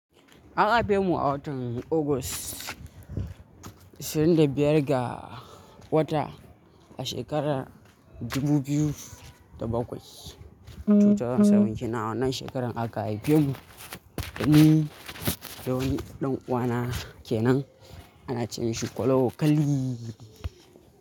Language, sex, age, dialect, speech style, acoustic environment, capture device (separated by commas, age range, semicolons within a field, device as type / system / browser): Hausa, male, 18-24, Nigeria - Kano, happy, people talking, mobile / Linux / Chrome